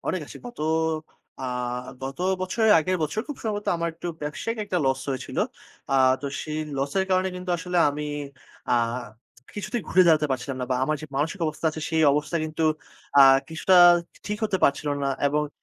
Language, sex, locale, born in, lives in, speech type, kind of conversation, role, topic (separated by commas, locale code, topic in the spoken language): Bengali, male, bn-BD, Bangladesh, Bangladesh, conversation, podcast, guest, কোন গন্তব্য আপনাকে সবচেয়ে বেশি মানসিক শান্তি দিয়েছে?